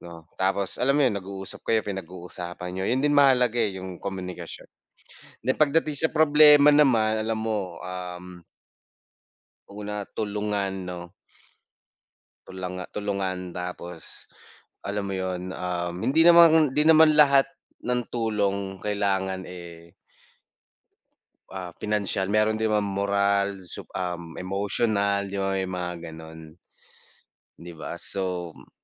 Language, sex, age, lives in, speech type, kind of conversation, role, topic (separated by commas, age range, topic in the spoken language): Filipino, male, 25-29, Philippines, conversation, unstructured, none, Ano ang ginagawa mo para mapasaya ang mga kasama mo sa bahay?